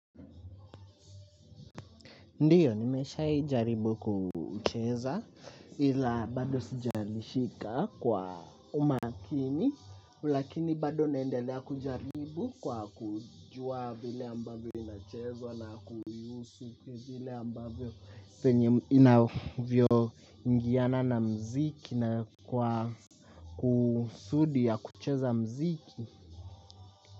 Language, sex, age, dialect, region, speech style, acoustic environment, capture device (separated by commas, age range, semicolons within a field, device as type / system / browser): Swahili, male, 18-24, Kenya - Nairobi Sheng-influenced, Kenya, happy; nervous; excited, music; appliances, mobile / Linux / Chrome